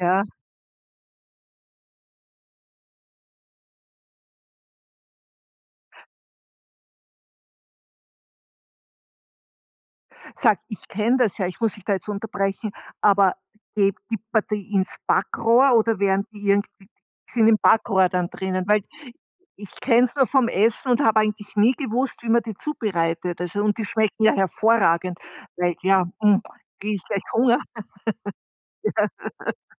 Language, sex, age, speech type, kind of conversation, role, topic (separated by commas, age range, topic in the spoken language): German, female, 60-64, conversation, podcast, host, Welche Gerichte dürfen bei Feiern auf keinen Fall fehlen?